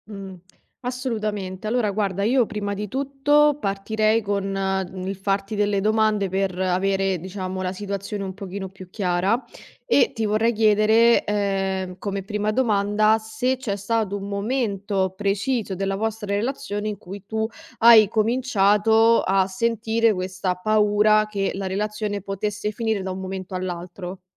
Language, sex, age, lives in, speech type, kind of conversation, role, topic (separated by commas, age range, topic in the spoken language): Italian, female, 25-29, Italy, conversation, advice, advisor, Come posso smettere di sentirmi insicuro per la paura che il mio partner mi lasci?